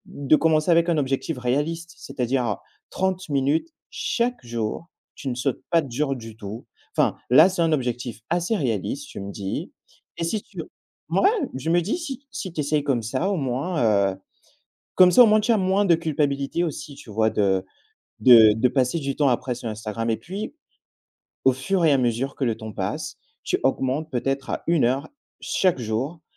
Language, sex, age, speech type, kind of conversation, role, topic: French, male, 25-29, conversation, advice, advisor, Comment vivez-vous la distraction numérique constante liée aux réseaux sociaux et aux notifications ?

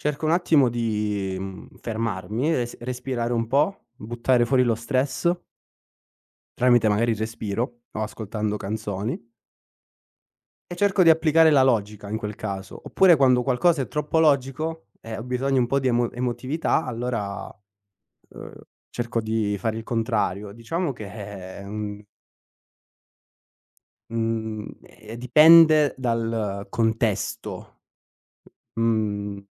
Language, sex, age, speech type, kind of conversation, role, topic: Italian, male, 25-29, conversation, podcast, guest, Come riconosci quando sei in stallo decisionale?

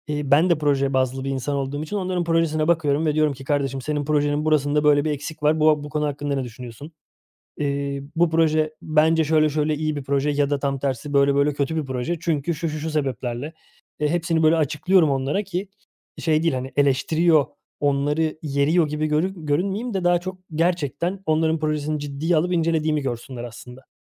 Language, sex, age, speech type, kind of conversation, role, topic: Turkish, male, 30-34, conversation, podcast, guest, Başarısızlıktan çıkardığın en önemli ders ne?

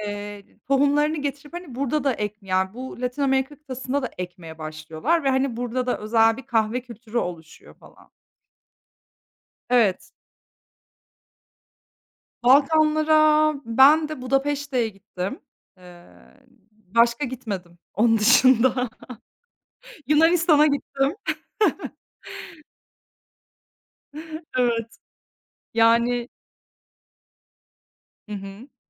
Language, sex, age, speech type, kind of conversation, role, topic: Turkish, female, 30-34, conversation, unstructured, none, Gezdiğin ülkelerde seni şaşırtan geleneklerle karşılaştın mı?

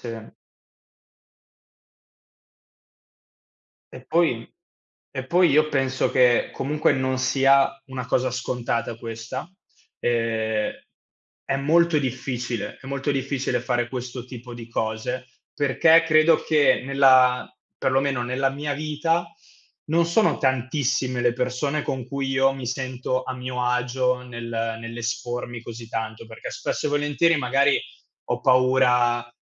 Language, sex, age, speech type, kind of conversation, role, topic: Italian, male, 25-29, conversation, unstructured, none, Perché a volte i conflitti possono far crescere le relazioni?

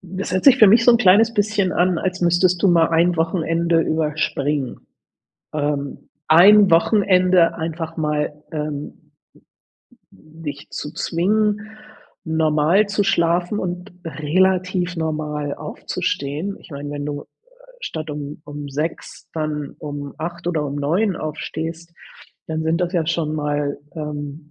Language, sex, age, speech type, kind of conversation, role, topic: German, female, 60-64, conversation, advice, advisor, Warum fühle ich mich nach zu langem Schlafen am Wochenende wie mit Jetlag?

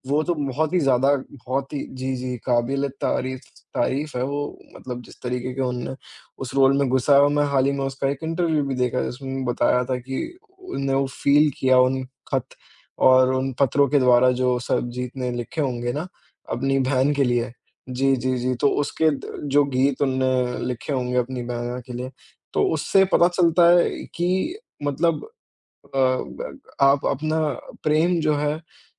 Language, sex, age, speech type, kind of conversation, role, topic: Hindi, male, 20-24, conversation, unstructured, none, आपको क्या लगता है कि फिल्मों में सच्चाई को कितनी हद तक दिखाया जाता है?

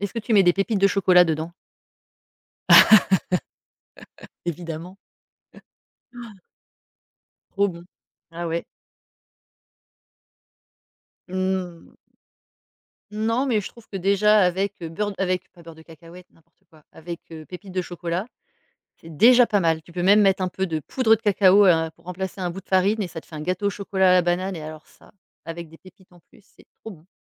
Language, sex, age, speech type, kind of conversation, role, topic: French, female, 40-44, conversation, podcast, host, Comment fais-tu pour réduire le gaspillage alimentaire chez toi ?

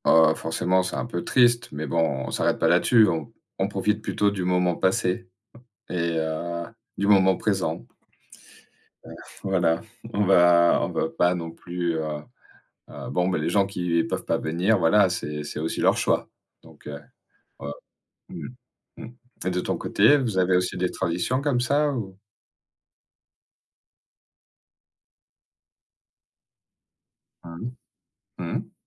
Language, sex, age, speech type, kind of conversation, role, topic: French, male, 40-44, conversation, unstructured, none, Quelle est ta façon préférée de passer du temps en famille ?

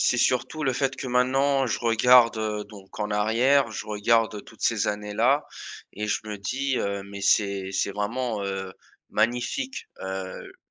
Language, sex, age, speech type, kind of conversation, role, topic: French, male, 20-24, conversation, podcast, guest, Quelle habitude t’a fait le plus progresser ?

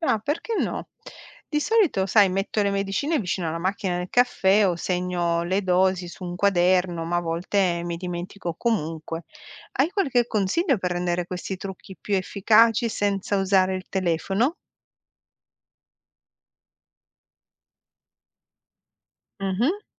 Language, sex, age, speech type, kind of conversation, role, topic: Italian, female, 45-49, read, expert-assistant, asker, Come si può seguire una terapia complessa quando si hanno impegni di lavoro e famiglia?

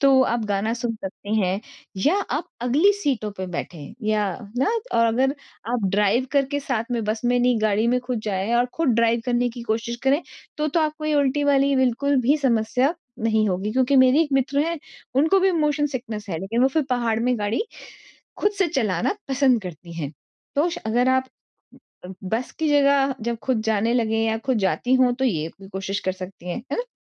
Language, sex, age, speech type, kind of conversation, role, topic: Hindi, female, 40-44, conversation, advice, advisor, मैं यात्रा की चिंता और अनिश्चितता को कैसे कम करूँ?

